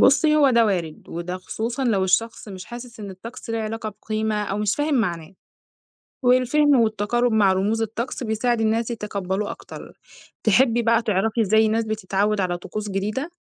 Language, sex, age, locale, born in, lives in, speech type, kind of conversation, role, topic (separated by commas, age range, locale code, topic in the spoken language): Arabic, female, 20-24, ar-EG, Egypt, Egypt, read, expert-assistant, expert, إيه سر وجود طقوس روحية مشتركة بين ثقافات وأديان مختلفة زي الصلاة أو الشموع أو المياه المقدسة؟